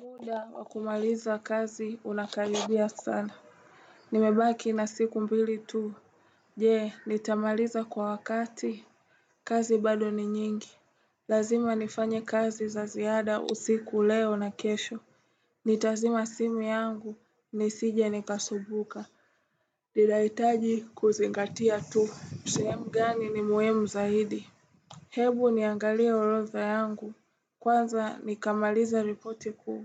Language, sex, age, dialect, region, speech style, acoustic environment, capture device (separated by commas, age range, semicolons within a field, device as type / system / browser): Swahili, female, 18-24, Tanzania - Dar es Salaam, Tanzania, relaxed; focused, appliances, desktop / Windows / Chrome